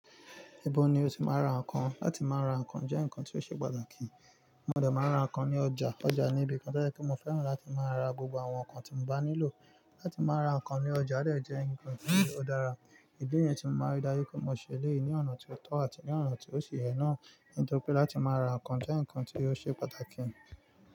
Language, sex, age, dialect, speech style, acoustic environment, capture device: Yoruba, male, 25-34, Nigeria - Nigerian Standard, relaxed, nature, mobile / Linux / Chrome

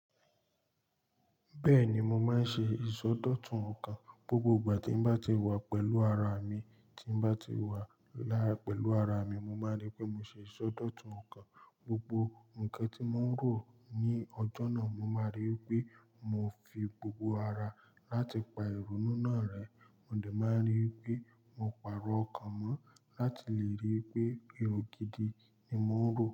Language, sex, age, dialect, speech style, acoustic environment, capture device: Yoruba, male, 18-24, Nigeria - Lagos Yoruba, focused, silence, mobile / Linux / Chrome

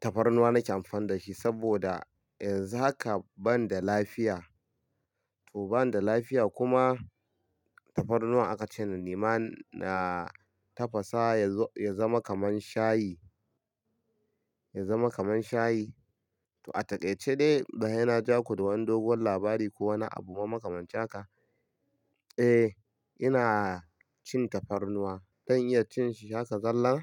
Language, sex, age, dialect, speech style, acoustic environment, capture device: Hausa, male, 25-34, Nigeria - Kano, happy; relaxed; focused; excited, silence; nature; traffic; people talking, mobile / Linux / Chrome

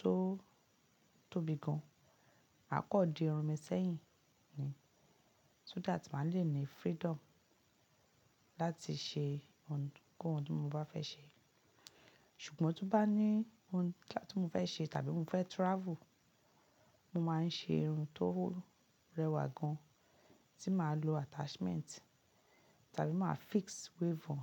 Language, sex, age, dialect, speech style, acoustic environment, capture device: Yoruba, female, 35-44, Nigeria - Lagos Yoruba, relaxed, silence, mobile / Linux / Chrome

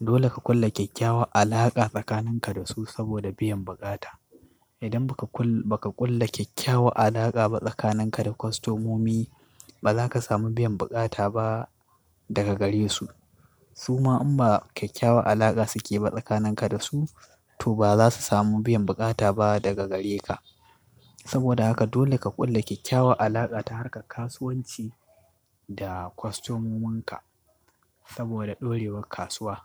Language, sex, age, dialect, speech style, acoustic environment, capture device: Hausa, male, 25-34, Nigeria - Katsina, focused, appliances, desktop / Linux / Chrome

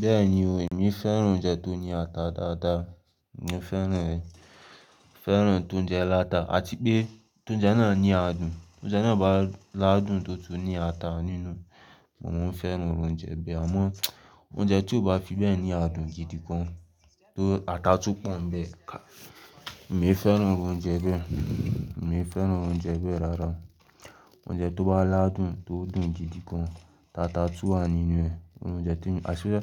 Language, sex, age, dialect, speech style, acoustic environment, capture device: Yoruba, male, 18-24, Nigeria - Lagos, anxious; focused, people talking; silence, mobile / Linux / Chrome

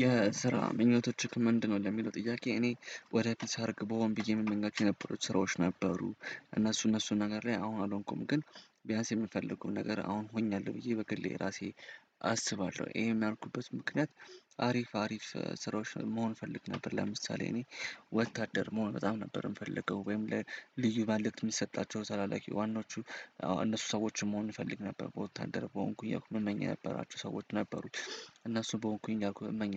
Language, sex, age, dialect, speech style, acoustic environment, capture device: Amharic, male, 18-24, Ethiopia - Addis Ababa, happy; excited, nature, desktop / Windows / Chrome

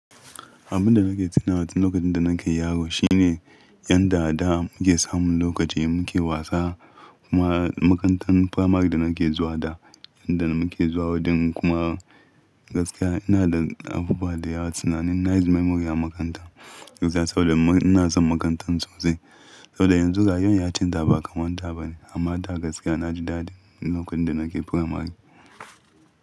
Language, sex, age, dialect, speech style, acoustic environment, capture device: Hausa, male, 25-34, Nigeria - Ibadan, happy, people talking, mobile / Linux / Chrome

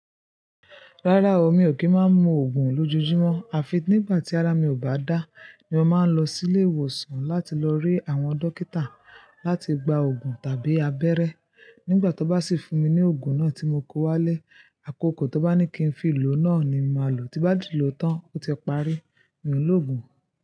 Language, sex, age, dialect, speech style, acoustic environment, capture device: Yoruba, female, 25-34, Nigeria - Ibadan, happy, silence, mobile / Linux / Chrome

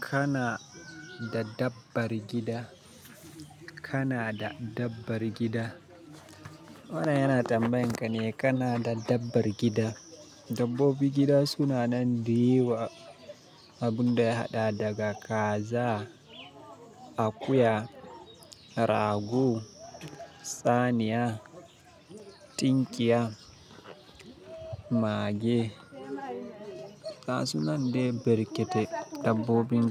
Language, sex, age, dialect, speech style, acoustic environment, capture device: Hausa, male, 25-34, Nigeria - Kano, excited, silence, mobile / Linux / Chrome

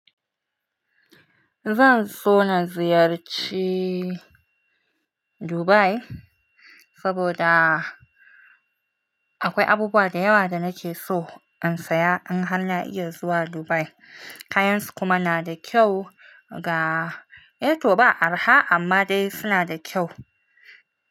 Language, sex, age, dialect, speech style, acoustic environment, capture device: Hausa, female, 25-34, Nigeria - Nigerian Standard, relaxed, silence, mobile / Linux / Chrome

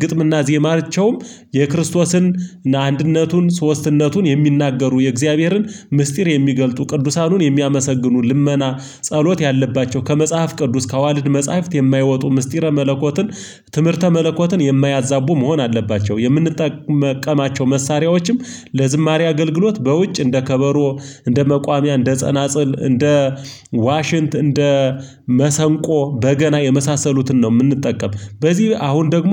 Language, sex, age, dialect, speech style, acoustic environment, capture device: Amharic, male, 25-34, Ethiopia - Gojjam, relaxed, silence, mobile / Linux / Chrome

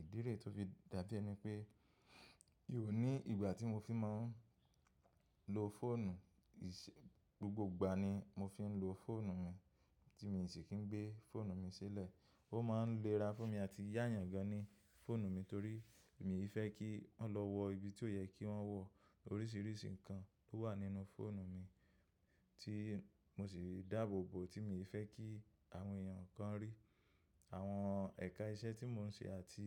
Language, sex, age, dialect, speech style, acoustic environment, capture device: Yoruba, male, 25-34, Nigeria - Lagos Yoruba, happy, silence; nature, mobile / macOS / Safari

